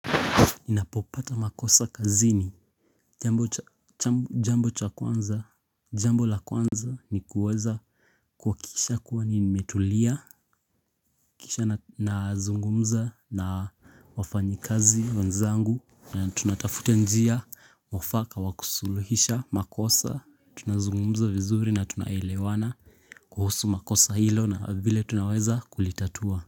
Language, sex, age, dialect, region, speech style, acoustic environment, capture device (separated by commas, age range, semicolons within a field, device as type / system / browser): Swahili, male, 18-24, Kenya - Nairobi Sheng-influenced, Kenya, relaxed, people talking; nature; appliances, mobile / Linux / Chrome